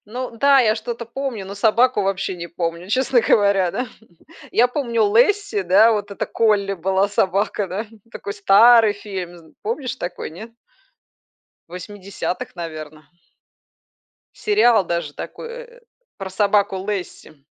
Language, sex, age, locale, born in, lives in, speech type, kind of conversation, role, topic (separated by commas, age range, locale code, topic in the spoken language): Russian, female, 40-44, ru-RU, Belarus, Spain, conversation, unstructured, none, Какой образ жизни лучше подходит активным собакам: в городе или за городом?